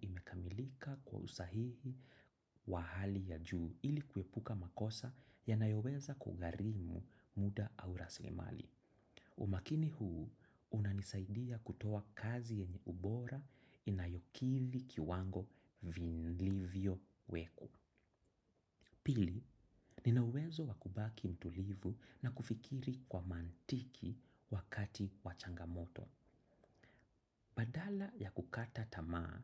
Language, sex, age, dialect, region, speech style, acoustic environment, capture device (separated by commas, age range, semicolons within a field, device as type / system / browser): Swahili, male, 25-34, Kenya - Nairobi Sheng-influenced, Kenya, anxious; excited; focused, silence, desktop / macOS / Chrome